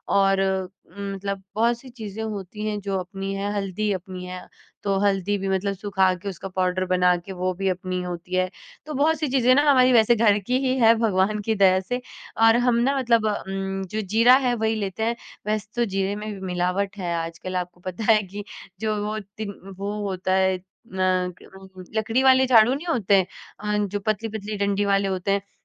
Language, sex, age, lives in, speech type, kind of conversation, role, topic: Hindi, female, 20-24, India, conversation, podcast, guest, बजट में रहकर हेल्दी खाना कैसे बनाते हो?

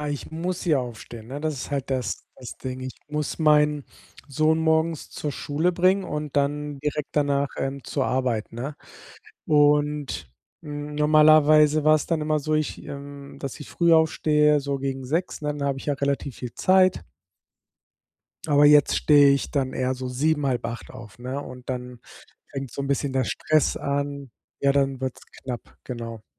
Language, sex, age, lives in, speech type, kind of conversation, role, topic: German, male, 40-44, Spain, conversation, advice, user, Wie kann ich mentale Erschöpfung reduzieren, um mich besser zu konzentrieren?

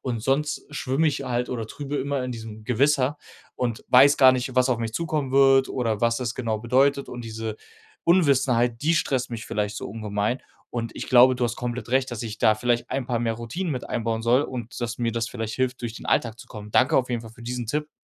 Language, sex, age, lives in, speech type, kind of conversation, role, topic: German, male, 25-29, Germany, conversation, advice, user, Wie kann ich mich zu Hause besser entspannen und Filme oder Musik wirklich genießen?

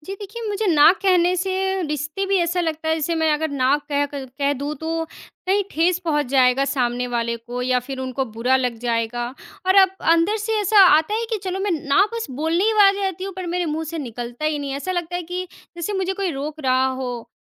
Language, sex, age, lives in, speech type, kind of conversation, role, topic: Hindi, female, 20-24, India, conversation, advice, user, दूसरों से 'ना' कहना मुश्किल लगता है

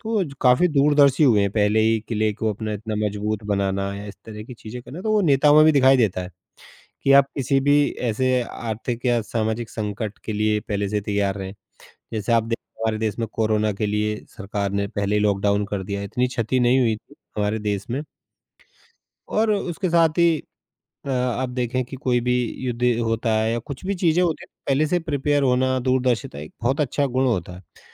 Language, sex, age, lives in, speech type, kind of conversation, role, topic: Hindi, male, 35-39, India, conversation, unstructured, none, आपके हिसाब से एक अच्छे नेता में कौन-कौन से गुण होने चाहिए?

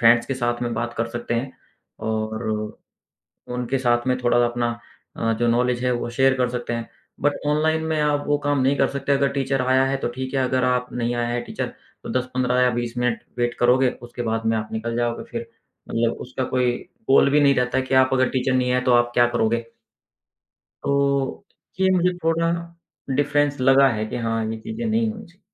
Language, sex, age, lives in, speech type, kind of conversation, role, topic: Hindi, male, 35-39, India, conversation, podcast, guest, Aapko online kurs aur classroom mein se kya zyada behtar laga?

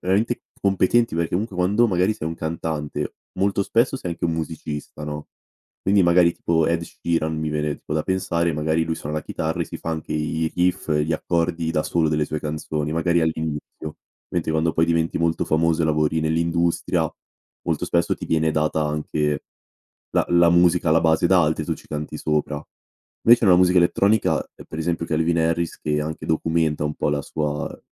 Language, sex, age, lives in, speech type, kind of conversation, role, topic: Italian, male, 18-19, Italy, conversation, podcast, guest, Mi racconti una scoperta musicale fatta per caso, per esempio in un locale?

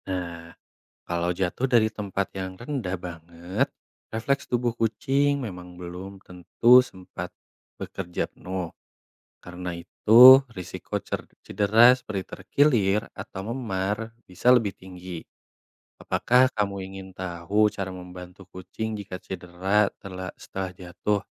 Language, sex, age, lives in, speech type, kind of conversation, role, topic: Indonesian, male, 25-29, Indonesia, read, expert-assistant, expert, Mengapa kucing selalu mendarat dengan kaki saat jatuh?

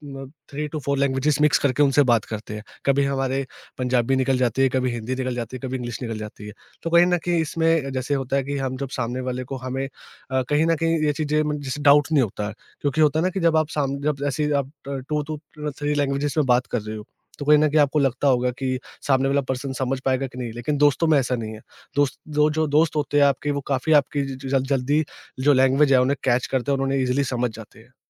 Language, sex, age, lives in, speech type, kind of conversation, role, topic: Hindi, male, 20-24, India, conversation, podcast, guest, Kabhi language mix karte hue comfort ya confusion feel kiya?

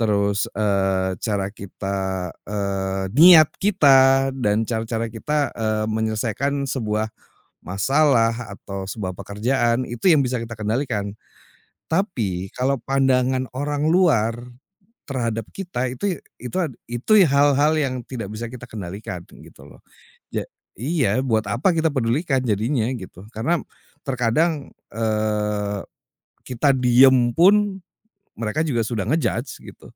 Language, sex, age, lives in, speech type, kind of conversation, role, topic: Indonesian, male, 40-44, Indonesia, conversation, podcast, guest, Apa perbedaan antara menerima dan ikhlas menurut pengalamanmu?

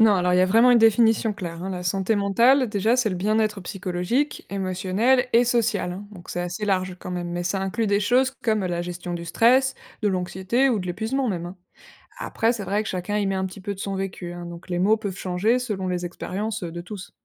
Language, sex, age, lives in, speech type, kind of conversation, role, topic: French, female, 25-29, France, read, expert-assistant, expert, Quand on parle de « santé mentale », de quoi parle-t-on vraiment ? Est-ce que ça veut dire la même chose pour tout le monde ?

